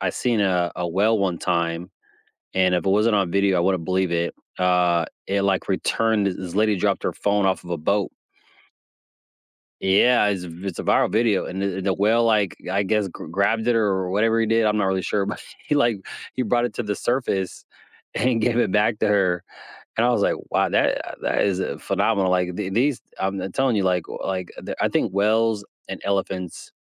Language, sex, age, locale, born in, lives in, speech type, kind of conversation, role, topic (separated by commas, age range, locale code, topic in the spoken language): English, male, 35-39, en-US, United States, United States, conversation, unstructured, none, Have you ever been surprised by how animals help each other?